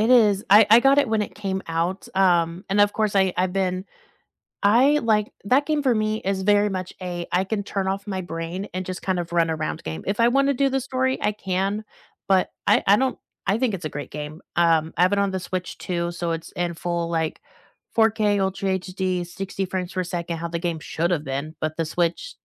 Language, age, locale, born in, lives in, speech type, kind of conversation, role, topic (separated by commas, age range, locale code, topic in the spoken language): English, 25-29, en-US, United States, United States, conversation, unstructured, none, Which hidden-gem podcasts or YouTube channels would you share with friends, and why do they resonate?